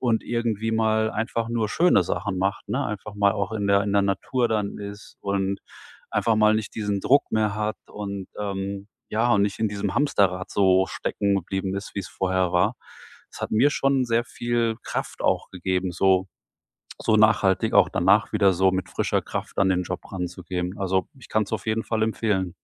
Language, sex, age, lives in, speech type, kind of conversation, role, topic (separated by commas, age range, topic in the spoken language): German, male, 45-49, Germany, conversation, podcast, guest, Welche Lektion hast du auf Reisen am meisten gelernt?